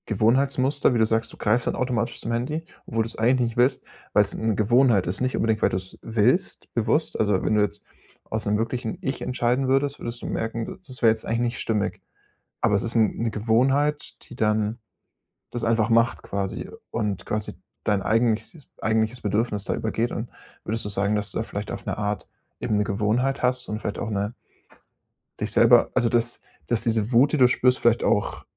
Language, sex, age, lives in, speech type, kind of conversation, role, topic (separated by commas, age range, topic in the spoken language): German, male, 25-29, Germany, conversation, advice, advisor, Wie kann ich Ablenkungen reduzieren und meinen Fokus langfristig stärken?